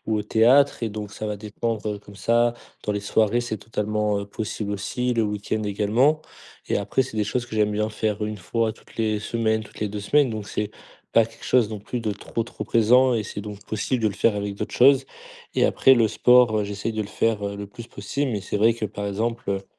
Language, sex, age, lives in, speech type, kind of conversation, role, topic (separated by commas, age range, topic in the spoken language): French, male, 20-24, France, conversation, podcast, guest, Comment trouves-tu l’équilibre entre le travail et ta vie personnelle au quotidien ?